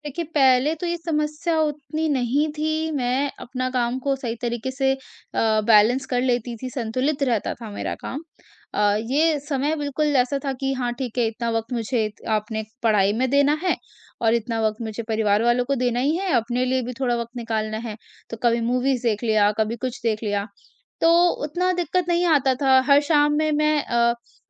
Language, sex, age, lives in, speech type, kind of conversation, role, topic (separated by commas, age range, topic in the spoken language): Hindi, female, 45-49, India, conversation, advice, user, आपका काम-जीवन संतुलन कैसे बिगड़ा है और क्या आप परिवार से दूर महसूस कर रहे हैं?